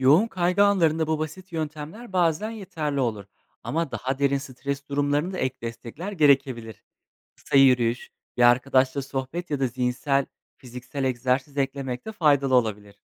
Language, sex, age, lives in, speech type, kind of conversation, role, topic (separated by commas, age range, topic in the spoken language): Turkish, male, 25-29, Poland, read, expert-assistant, expert, Hayatımda stresle başa çıkmak için hangi yöntemlere öncelik vermeliyim?